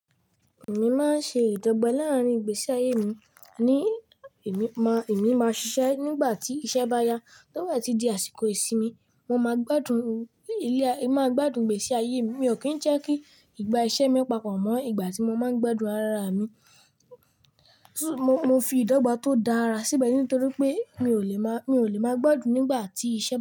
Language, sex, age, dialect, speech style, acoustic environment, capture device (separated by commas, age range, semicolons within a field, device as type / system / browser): Yoruba, male, 18-24, Nigeria - Lagos Yoruba, relaxed; excited, nature; silence, mobile / Linux / Chrome